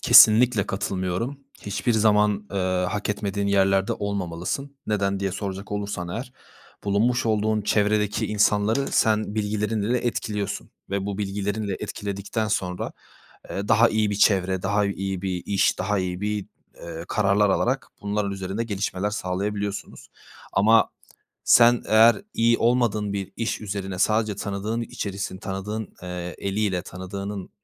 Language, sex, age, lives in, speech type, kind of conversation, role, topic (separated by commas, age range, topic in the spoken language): Turkish, male, 20-24, Poland, conversation, unstructured, none, Hedeflerine ulaşmak için etik olmayan yollara başvurmayı düşünür müsün?